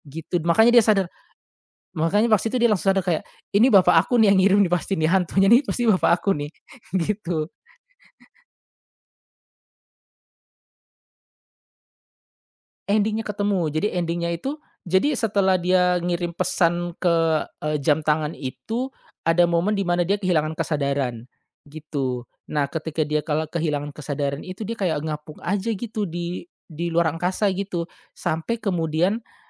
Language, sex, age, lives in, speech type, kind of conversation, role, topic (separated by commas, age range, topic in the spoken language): Indonesian, male, 35-39, Indonesia, conversation, podcast, guest, Film apa yang paling berkesan buat kamu, dan kenapa?